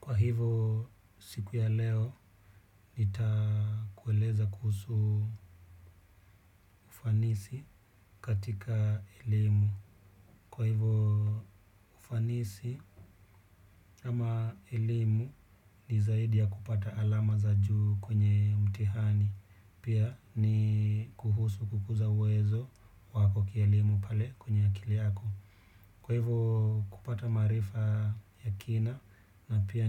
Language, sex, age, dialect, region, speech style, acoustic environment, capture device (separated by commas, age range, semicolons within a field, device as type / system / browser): Swahili, male, 25-34, Kenya - Nairobi Sheng-influenced, Kenya, relaxed, silence, desktop / Windows / Chrome